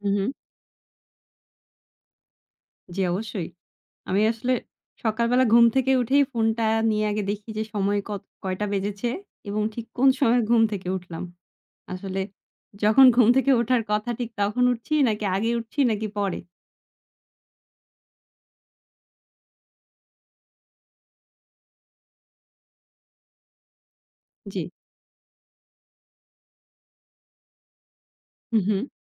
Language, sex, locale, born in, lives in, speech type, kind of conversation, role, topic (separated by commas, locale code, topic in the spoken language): Bengali, female, bn-BD, Bangladesh, Bangladesh, conversation, podcast, guest, তুমি ফোনে দিন শুরু করো কীভাবে, একটু বলো তো?